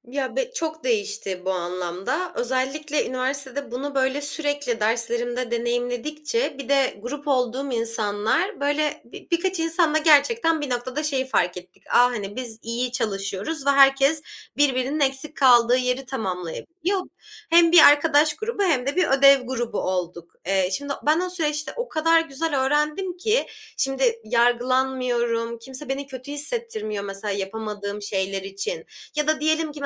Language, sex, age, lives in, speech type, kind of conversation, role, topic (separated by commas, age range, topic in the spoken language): Turkish, female, 25-29, Germany, conversation, podcast, guest, Bir projede takıldığın zaman ilk olarak ne yaparsın?